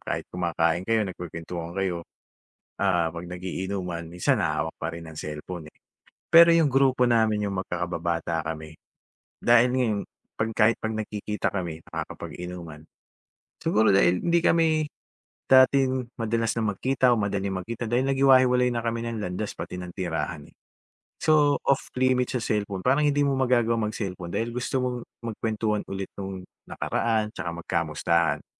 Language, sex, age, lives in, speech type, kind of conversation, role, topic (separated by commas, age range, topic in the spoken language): Filipino, male, 45-49, Philippines, conversation, podcast, guest, Ano ang paborito mong tambayan ng komunidad, at bakit?